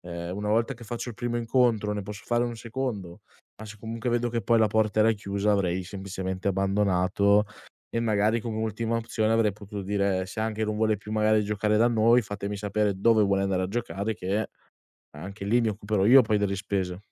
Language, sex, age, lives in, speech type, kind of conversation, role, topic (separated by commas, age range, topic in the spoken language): Italian, male, 20-24, Italy, conversation, podcast, guest, Raccontami di una volta in cui hai aiutato qualcuno e questa esperienza ti ha cambiato?